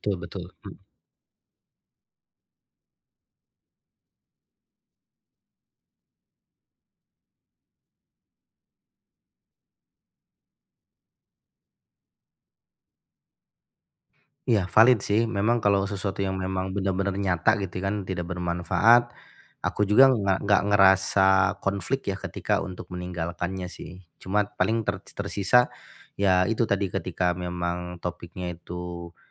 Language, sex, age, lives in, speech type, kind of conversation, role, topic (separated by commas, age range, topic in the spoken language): Indonesian, male, 40-44, Indonesia, conversation, advice, user, Bagaimana cara mengetahui kapan saya perlu membela pendapat dan kapan sebaiknya melepaskannya?